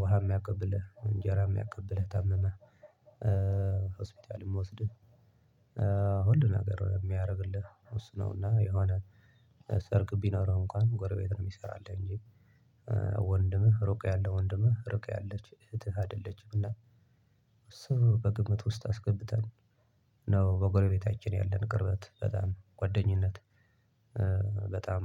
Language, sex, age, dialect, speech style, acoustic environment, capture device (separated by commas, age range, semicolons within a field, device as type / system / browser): Amharic, male, 25-34, Ethiopia - Tigray, relaxed; happy; focused, silence, desktop / Linux / Chrome